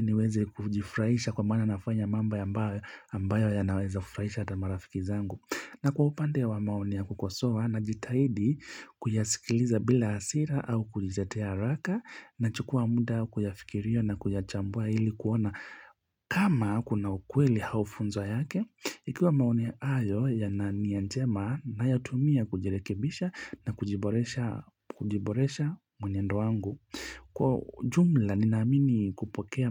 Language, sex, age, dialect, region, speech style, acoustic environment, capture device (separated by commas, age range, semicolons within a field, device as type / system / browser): Swahili, male, 25-34, Kenya - Mombasa, Kenya, relaxed; focused; happy, nature; silence, mobile / Linux / Chrome